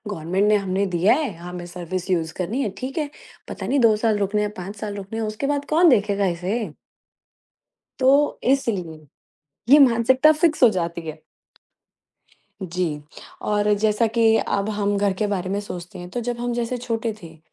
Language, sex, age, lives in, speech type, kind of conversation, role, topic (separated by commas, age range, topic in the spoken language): Hindi, female, 35-39, India, conversation, podcast, host, आपके लिए घर का मतलब क्या होता है?